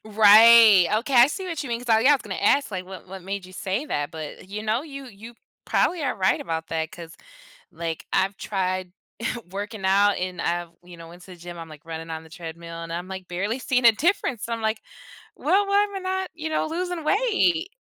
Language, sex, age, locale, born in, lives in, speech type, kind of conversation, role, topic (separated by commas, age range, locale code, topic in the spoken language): English, female, 25-29, en-US, United States, United States, conversation, podcast, host, Why do so many fitness myths persist despite scientific evidence?